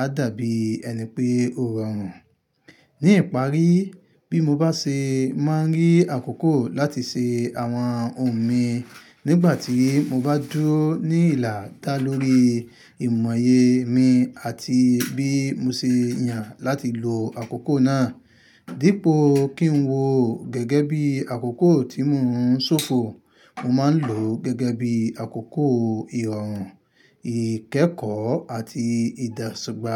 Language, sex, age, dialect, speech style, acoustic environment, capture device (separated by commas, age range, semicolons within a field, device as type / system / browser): Yoruba, male, 25-34, Nigeria - Ibadan, happy, nature; silence, mobile / Linux / Chrome